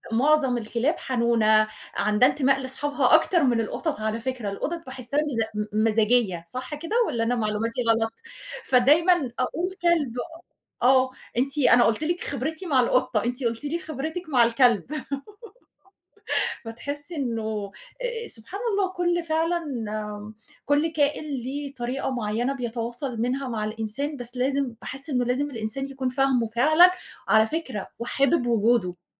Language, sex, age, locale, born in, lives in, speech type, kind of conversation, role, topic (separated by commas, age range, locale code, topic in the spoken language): Arabic, female, 40-44, ar-EG, Egypt, Portugal, conversation, unstructured, none, إزاي نختار الحيوان الأليف المناسب للبيت؟